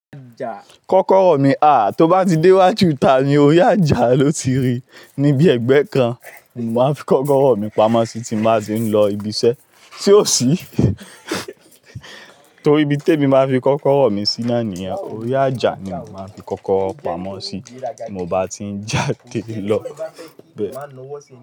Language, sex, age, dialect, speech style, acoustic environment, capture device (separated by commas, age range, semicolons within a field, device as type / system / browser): Yoruba, male, 25-34, Nigeria - Lagos Yoruba, focused; anxious, people talking, mobile / Linux / Chrome